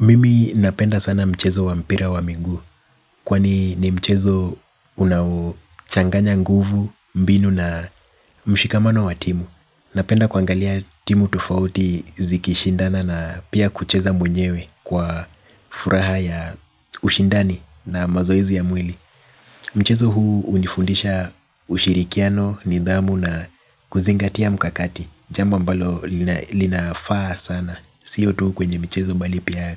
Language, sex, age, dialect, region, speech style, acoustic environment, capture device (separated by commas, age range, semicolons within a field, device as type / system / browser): Swahili, male, 25-34, Kenya - Nairobi Sheng-influenced, Kenya, relaxed, appliances; nature, mobile / Linux / Chrome